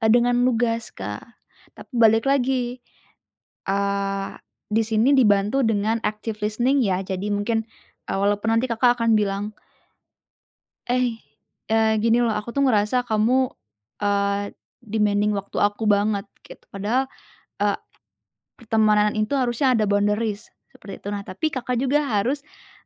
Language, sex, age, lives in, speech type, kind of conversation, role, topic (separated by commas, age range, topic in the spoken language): Indonesian, female, 20-24, Indonesia, conversation, advice, advisor, Bagaimana cara menetapkan batas dengan teman yang selalu menuntut waktu dan dukungan?